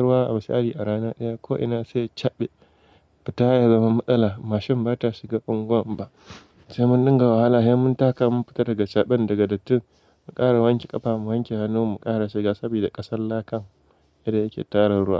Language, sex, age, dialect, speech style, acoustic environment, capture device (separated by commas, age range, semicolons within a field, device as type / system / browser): Hausa, male, 18-24, Nigeria - Kano, relaxed, silence, mobile / Linux / Chrome